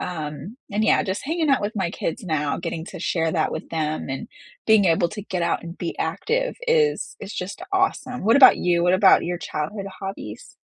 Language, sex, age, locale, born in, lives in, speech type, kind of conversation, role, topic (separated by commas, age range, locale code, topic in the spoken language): English, female, 35-39, en-US, United States, United States, conversation, unstructured, none, Which childhood hobby would you love to revisit as an adult, and what memories still draw you to it?